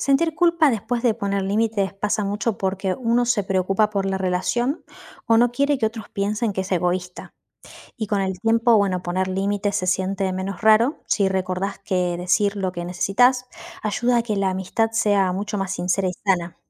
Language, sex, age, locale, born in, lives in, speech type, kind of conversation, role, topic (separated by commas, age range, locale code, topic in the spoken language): Spanish, female, 35-39, es-AR, Argentina, Netherlands, read, expert-assistant, expert, ¿Qué papel tiene la amistad en el desarrollo emocional?